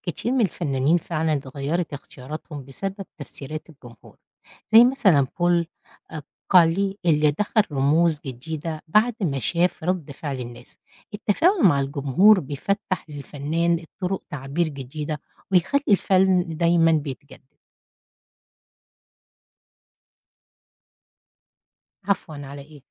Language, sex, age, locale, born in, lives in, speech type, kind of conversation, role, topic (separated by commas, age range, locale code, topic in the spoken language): Arabic, female, 50-54, ar-EG, Egypt, United States, read, expert-assistant, expert, إزاي الألوان والخطوط بتأثر على الإحساس اللي بتدينا اللوحة؟